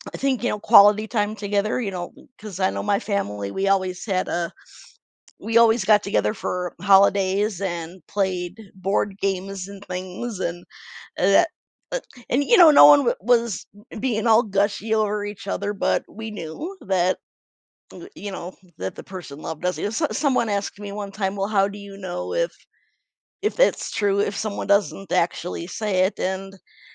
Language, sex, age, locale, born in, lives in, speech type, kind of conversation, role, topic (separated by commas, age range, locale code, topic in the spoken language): English, female, 45-49, en-US, United States, United States, conversation, podcast, guest, How can you show love in a family?